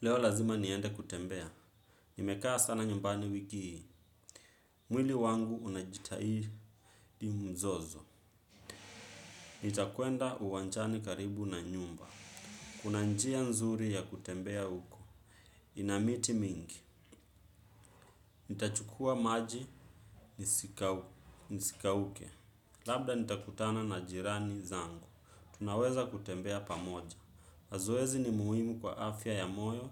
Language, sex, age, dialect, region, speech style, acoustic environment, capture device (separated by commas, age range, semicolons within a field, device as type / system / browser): Swahili, male, 25-34, Kenya - Nairobi Sheng-influenced, Kenya, focused, nature, desktop / Windows / Chrome